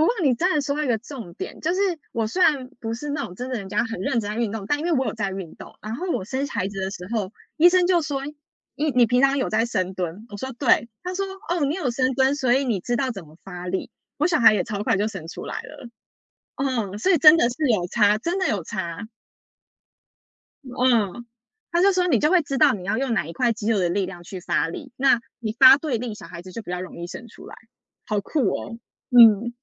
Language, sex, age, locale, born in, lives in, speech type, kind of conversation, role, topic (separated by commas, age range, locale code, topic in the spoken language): Chinese, female, 30-34, zh-TW, United States, United States, conversation, unstructured, none, 你会担心因为长时间不运动而导致身体机能下降吗？